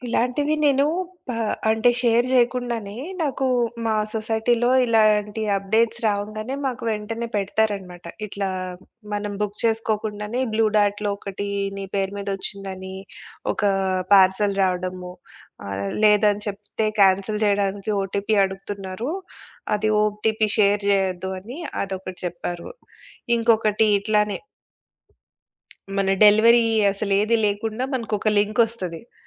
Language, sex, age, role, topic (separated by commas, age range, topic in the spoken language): Telugu, female, 40-44, guest, బలహీనతను బయటపెట్టడానికి కుటుంబంలో సురక్షిత వాతావరణం ఎలా తయారు చేస్తారు?